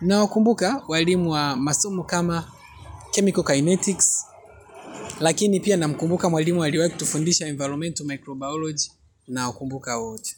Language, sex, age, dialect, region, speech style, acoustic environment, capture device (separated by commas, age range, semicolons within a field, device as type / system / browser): Swahili, male, 25-34, Tanzania - Dar es Salaam, Tanzania, happy; relaxed, nature; traffic, mobile / Linux / Chrome